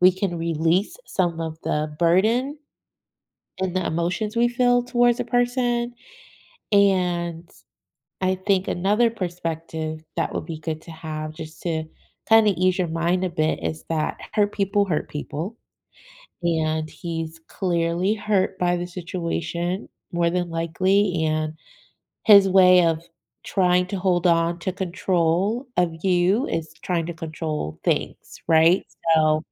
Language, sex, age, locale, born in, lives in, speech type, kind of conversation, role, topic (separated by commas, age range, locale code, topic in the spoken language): English, female, 40-44, en-US, United States, United States, conversation, advice, advisor, How can I cope with and respond to a friend's betrayal?